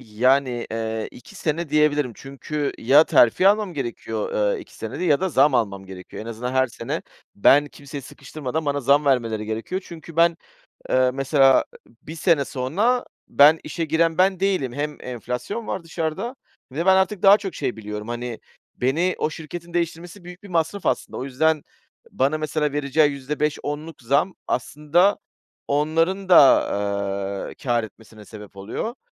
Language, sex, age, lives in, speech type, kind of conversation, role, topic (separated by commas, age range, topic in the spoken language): Turkish, male, 40-44, Greece, conversation, podcast, guest, İşten ayrılma zamanının geldiğini nasıl anlarsın?